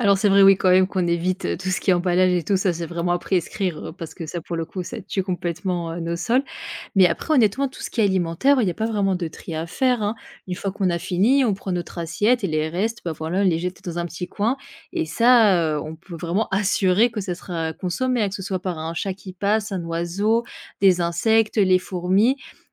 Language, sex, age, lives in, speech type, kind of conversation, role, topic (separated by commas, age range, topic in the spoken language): French, female, 25-29, France, conversation, podcast, guest, Parle-moi d’une tradition familiale qui te tient vraiment à cœur